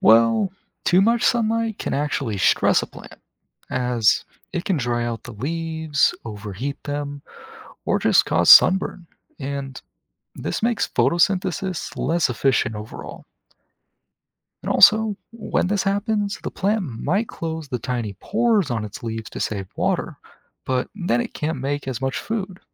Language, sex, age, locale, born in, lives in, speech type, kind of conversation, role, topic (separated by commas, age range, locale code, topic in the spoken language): English, male, 20-24, en-US, United States, United States, read, expert-assistant, expert, How do plants make their own food through photosynthesis?